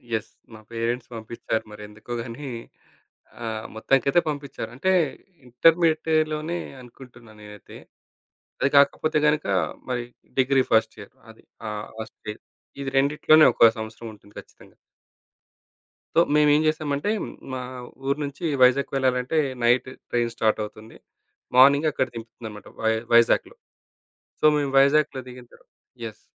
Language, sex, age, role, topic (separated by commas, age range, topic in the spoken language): Telugu, male, 35-39, guest, సముద్రతీరంలో మీరు గడిపిన ఒక శాంతికరమైన క్షణం గురించి చెప్పగలరా?